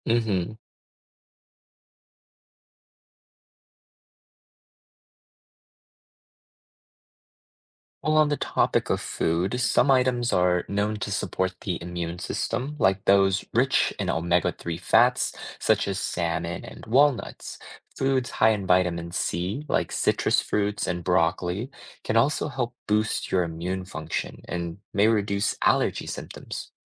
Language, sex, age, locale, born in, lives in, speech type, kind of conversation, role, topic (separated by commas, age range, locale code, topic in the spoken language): English, male, 25-29, en-US, United States, United States, read, expert-assistant, expert, Why do some people have allergies?